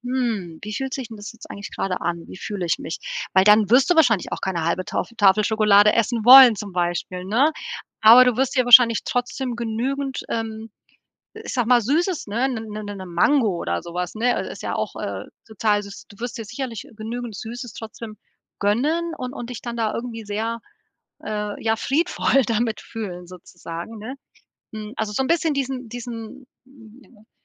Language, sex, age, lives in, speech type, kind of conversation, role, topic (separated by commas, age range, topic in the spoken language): German, female, 40-44, Portugal, conversation, advice, advisor, Wie kann ich meine Essgewohnheiten langfristig so umstellen, dass ich dauerhaft weniger verarbeitete Lebensmittel esse?